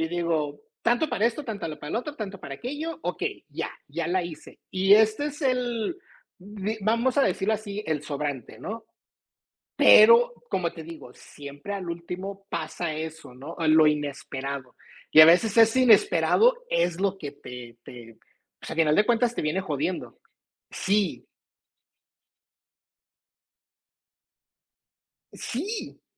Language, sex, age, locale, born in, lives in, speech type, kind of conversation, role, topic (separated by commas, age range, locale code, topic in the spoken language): Spanish, male, 45-49, es-MX, United States, United States, conversation, unstructured, none, ¿Cómo manejas tu dinero cuando recibes tu sueldo?